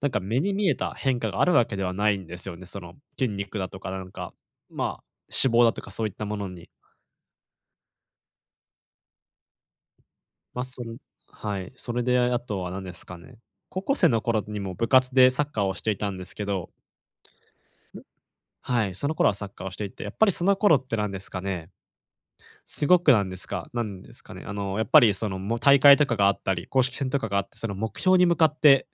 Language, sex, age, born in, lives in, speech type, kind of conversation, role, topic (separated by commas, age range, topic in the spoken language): Japanese, male, 20-24, Japan, Japan, conversation, advice, user, 運動のモチベーションが下がったとき、どうすれば回復できますか？